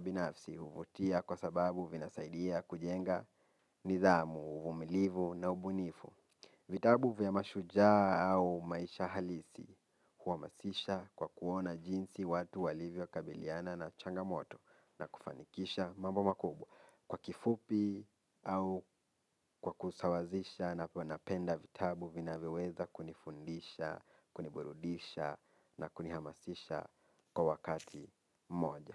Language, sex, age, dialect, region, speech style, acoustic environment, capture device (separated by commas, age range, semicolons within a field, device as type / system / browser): Swahili, male, 18-24, Kenya - Nairobi Sheng-influenced, Kenya, focused, nature; silence, mobile / Linux / Chrome